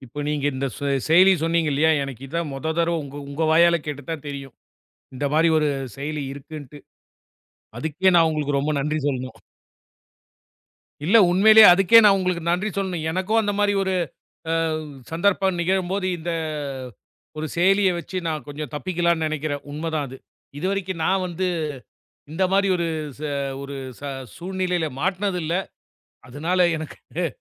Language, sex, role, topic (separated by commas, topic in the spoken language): Tamil, male, host, பேருந்து அல்லது ரயில் ரத்து ஆன நேரத்தில் நீங்கள் என்ன தீர்வு எடுத்தீர்கள் என்று பகிர முடியுமா?